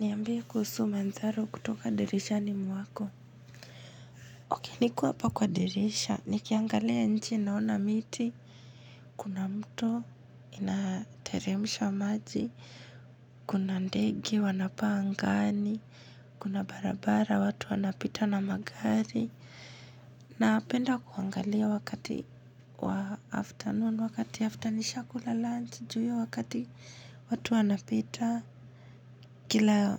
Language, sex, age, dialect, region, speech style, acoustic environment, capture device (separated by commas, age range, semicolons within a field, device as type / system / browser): Swahili, female, 25-34, Kenya - Nairobi Sheng-influenced, Kenya, happy; relaxed; focused, silence, mobile / Linux / Chrome